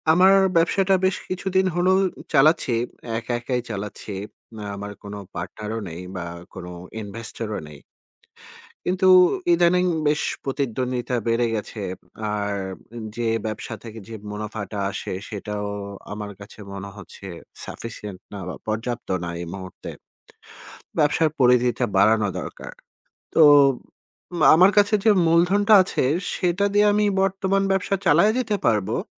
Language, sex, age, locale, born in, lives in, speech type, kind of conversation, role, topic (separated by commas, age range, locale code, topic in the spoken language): Bengali, male, 40-44, bn-BD, Bangladesh, Finland, conversation, advice, user, আর্থিক ঝুঁকি নিয়ে ব্যবসা বা বিনিয়োগ শুরু করতে আপনার ভয় কেন হয়?